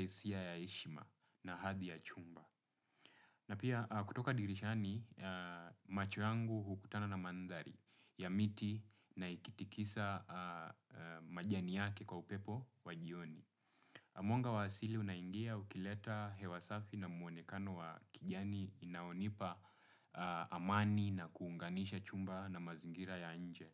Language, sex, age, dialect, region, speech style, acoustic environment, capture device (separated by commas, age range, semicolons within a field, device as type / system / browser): Swahili, male, 25-34, Kenya - Nairobi Sheng-influenced, Kenya, relaxed, silence; nature; appliances, desktop / Windows / Chrome